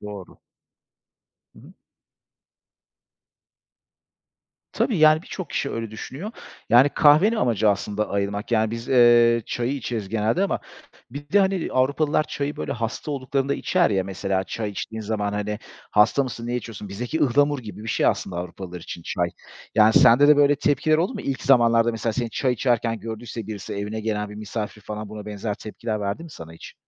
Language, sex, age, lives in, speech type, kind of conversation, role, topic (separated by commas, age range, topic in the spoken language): Turkish, male, 35-39, Greece, conversation, podcast, host, Sabah çay ya da kahve hazırlama ritüelin zaman içinde nasıl şekillendi?